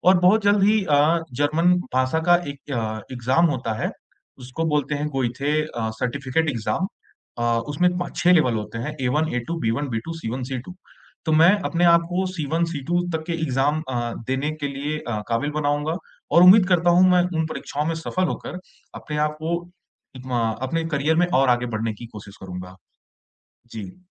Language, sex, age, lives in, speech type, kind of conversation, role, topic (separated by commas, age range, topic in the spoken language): Hindi, male, 25-29, India, conversation, advice, user, जब सब कुछ अनिश्चित लगे, तो बदलाव के बीच छोटी-छोटी सफलताओं से उम्मीद कैसे बनाए रखें?